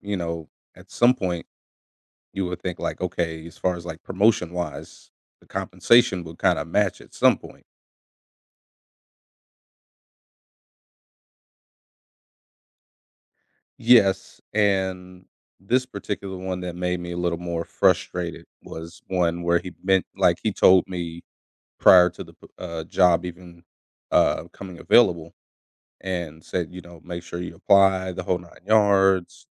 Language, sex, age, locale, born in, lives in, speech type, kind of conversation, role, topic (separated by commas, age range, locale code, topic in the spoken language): English, male, 30-34, en-US, United States, United States, conversation, advice, user, How can I get recognized at work when my efforts go unnoticed?